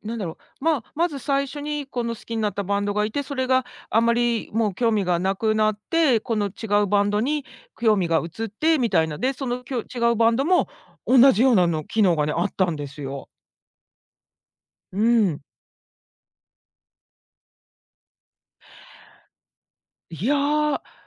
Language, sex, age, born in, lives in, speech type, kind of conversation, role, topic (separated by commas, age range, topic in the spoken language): Japanese, female, 50-54, Japan, United States, conversation, podcast, guest, ネット上のコミュニティで居場所だと感じたことはありますか？それはどんなときでしたか？